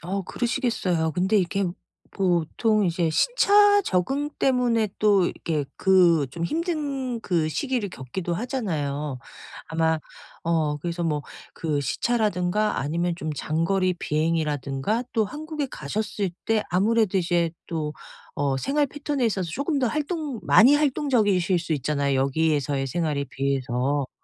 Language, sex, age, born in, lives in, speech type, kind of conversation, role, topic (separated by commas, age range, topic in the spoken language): Korean, female, 50-54, South Korea, United States, conversation, advice, advisor, 에너지가 떨어질 때 체력 관리를 어떻게 시작하면 좋을까요?